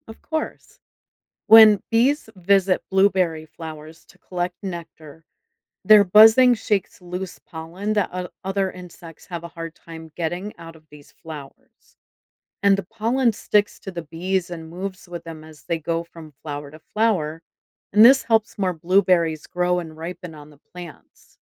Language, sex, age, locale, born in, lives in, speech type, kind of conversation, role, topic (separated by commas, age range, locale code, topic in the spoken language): English, female, 45-49, en-US, United States, United States, read, expert-assistant, expert, How do bees help pollinate plants?